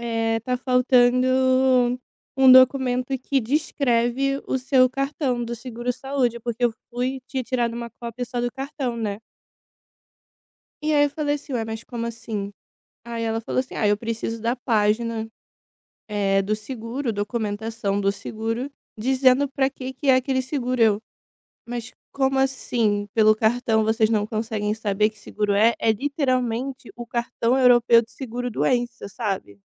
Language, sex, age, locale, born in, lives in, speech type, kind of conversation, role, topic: Portuguese, female, 25-29, pt-BR, Brazil, Italy, conversation, advice, user, Como lidar com o estresse de organizar a burocracia, os vistos e a documentação ao se mudar para uma nova cidade ou país?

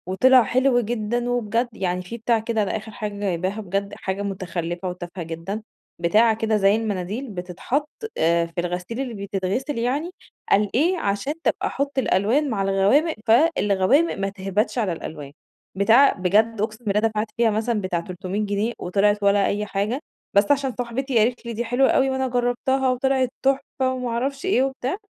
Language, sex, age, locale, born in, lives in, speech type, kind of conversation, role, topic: Arabic, female, 30-34, ar-EG, Egypt, Egypt, conversation, advice, user, إزاي أقدر أميّز بين احتياجاتي ورغباتي وأنا بشتري؟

